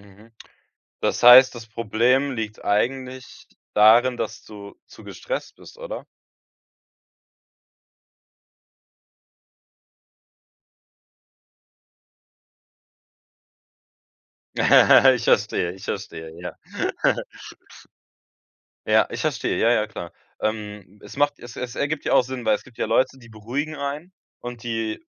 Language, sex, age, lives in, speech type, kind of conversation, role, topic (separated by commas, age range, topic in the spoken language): German, male, 18-19, Germany, conversation, advice, advisor, Wie kann ich regelmäßigen Kontakt zu Bekannten aufbauen, ohne aufdringlich zu wirken?